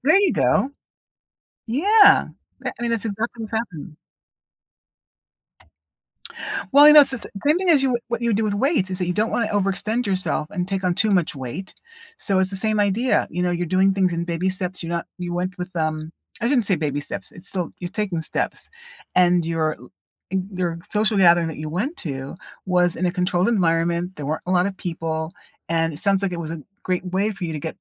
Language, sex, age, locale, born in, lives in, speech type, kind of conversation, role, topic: English, female, 60-64, en-US, United States, United States, conversation, advice, advisor, How can I celebrate a recent achievement and build confidence from it?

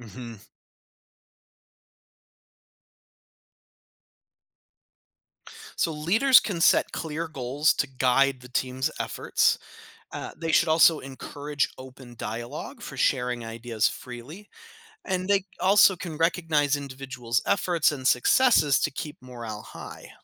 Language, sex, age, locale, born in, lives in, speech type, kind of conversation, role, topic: English, male, 35-39, en-US, United States, United States, read, expert-assistant, expert, How does teamwork improve workplace productivity?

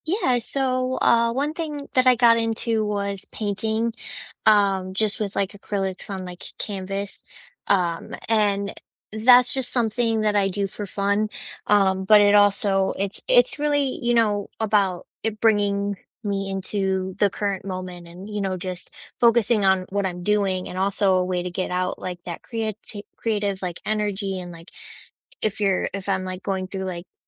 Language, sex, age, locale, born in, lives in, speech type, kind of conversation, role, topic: English, female, 25-29, en-US, United States, United States, conversation, unstructured, none, How do the paths you plan compare to the ones you find along the way?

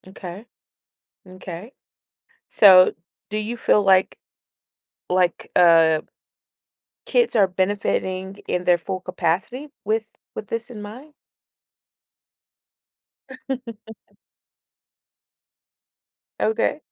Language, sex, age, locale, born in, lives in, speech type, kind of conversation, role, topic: English, female, 40-44, en-US, United States, United States, conversation, podcast, host, How might advances in technology reshape the way we live and interact in the coming years?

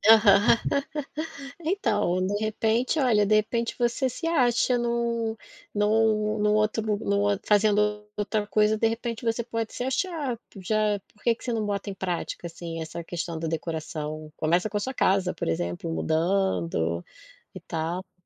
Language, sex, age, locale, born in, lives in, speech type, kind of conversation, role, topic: Portuguese, female, 40-44, pt-BR, Brazil, Portugal, conversation, advice, advisor, Como posso encontrar um projeto ou uma missão pessoal que realmente importe para mim?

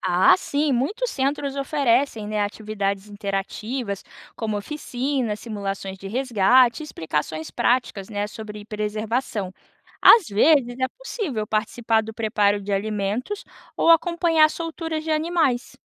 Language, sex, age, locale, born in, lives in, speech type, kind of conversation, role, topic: Portuguese, female, 25-29, pt-BR, Brazil, United States, read, expert-assistant, expert, O que devo considerar ao organizar uma viagem de observação de animais marinhos, pensando em imprevistos e prioridades?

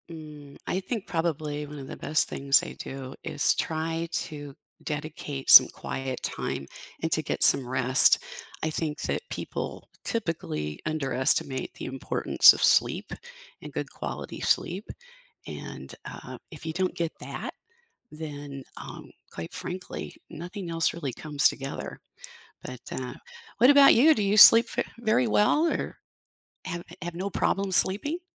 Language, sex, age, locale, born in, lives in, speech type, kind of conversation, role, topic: English, female, 65-69, en-US, United States, United States, conversation, unstructured, none, How do you like to unwind and recharge after a long day?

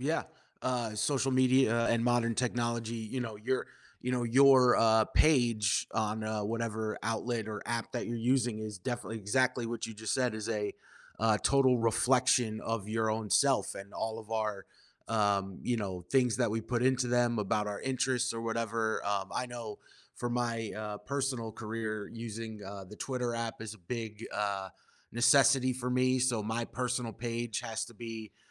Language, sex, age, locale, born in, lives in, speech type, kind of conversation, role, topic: English, male, 30-34, en-US, United States, United States, conversation, podcast, host, In what ways has technology shaped our relationships and the way we connect with others?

